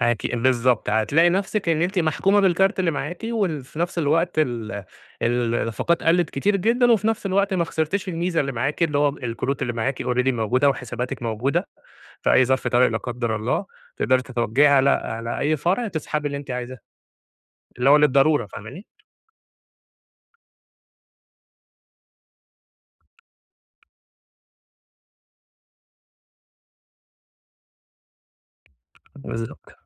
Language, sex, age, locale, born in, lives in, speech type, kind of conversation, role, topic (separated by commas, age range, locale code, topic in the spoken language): Arabic, male, 30-34, ar-EG, Egypt, Egypt, conversation, advice, advisor, إزاي بتتراكم عليك ديون صغيرة من مشترياتك اليومية بكارت الائتمان؟